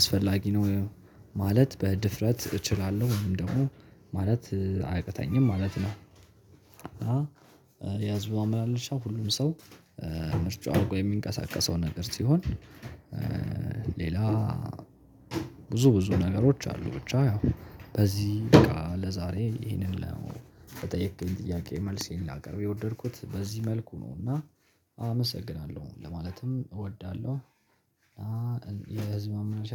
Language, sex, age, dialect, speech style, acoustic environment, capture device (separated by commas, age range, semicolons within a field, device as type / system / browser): Amharic, male, 18-24, Ethiopia - Addis Ababa, happy; relaxed, nature; silence; people talking; music; construction, mobile / Linux / Chrome